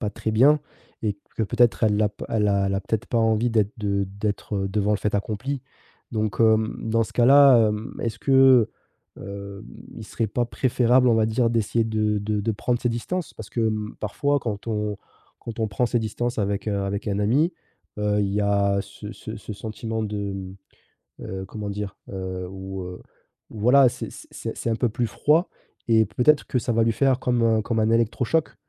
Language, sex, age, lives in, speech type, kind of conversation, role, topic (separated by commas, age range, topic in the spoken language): French, male, 30-34, France, conversation, advice, advisor, Comment décider de mettre fin à une amitié toxique ?